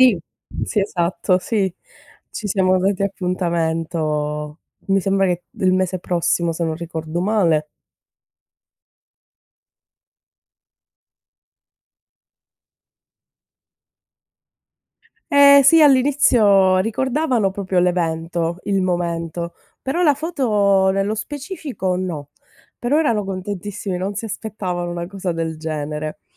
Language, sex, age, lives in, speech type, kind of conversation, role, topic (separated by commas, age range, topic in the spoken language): Italian, female, 30-34, Italy, conversation, unstructured, none, Quale momento del passato vorresti rivivere?